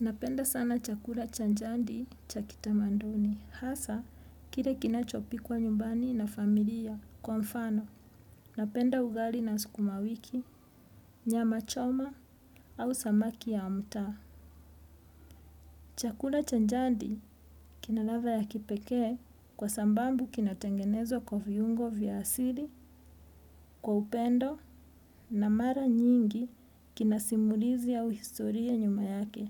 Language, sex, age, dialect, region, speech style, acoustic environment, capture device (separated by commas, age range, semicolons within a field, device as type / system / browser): Swahili, female, 35-44, Kenya - Nairobi Sheng-influenced, Kenya, happy, people talking; music; traffic; construction; appliances, desktop / Windows / Edge